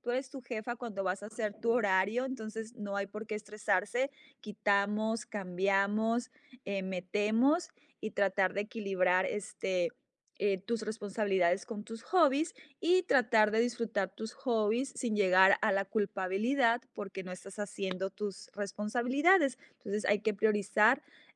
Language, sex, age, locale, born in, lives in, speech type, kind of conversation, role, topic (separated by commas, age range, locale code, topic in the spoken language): Spanish, female, 40-44, es-MX, Mexico, United States, conversation, advice, advisor, ¿Cómo puedo equilibrar mis hobbies con mis responsabilidades diarias?